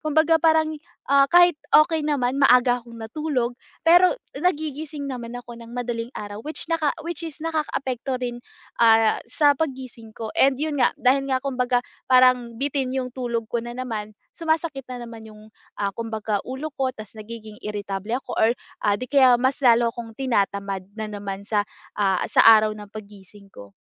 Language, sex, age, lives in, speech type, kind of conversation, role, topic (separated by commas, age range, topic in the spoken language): Filipino, female, 20-24, Philippines, conversation, advice, user, Paano ako makakapagtakda ng pare-parehong oras ng pagtulog at ritwal bago matulog?